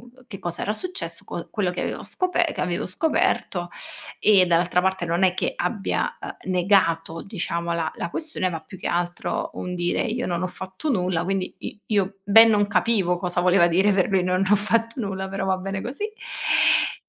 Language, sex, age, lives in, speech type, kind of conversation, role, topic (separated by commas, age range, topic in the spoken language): Italian, female, 25-29, Italy, conversation, advice, user, Come descriveresti la perdita di senso che provi dopo la fine di una relazione?